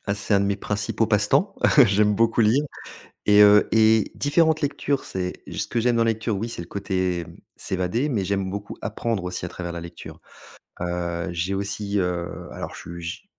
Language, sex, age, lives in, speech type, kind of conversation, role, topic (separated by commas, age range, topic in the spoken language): French, male, 40-44, France, conversation, podcast, guest, Qu’est-ce qui te plaît vraiment dans la lecture ?